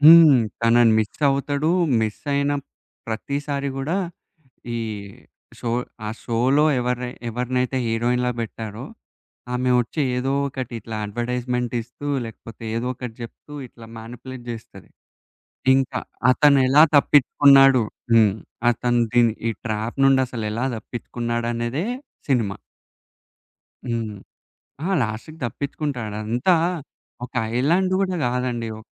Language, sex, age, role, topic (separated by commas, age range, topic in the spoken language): Telugu, male, 20-24, guest, మీకు అత్యంత ఇష్టమైన సినిమా ఏది, ఎందుకు?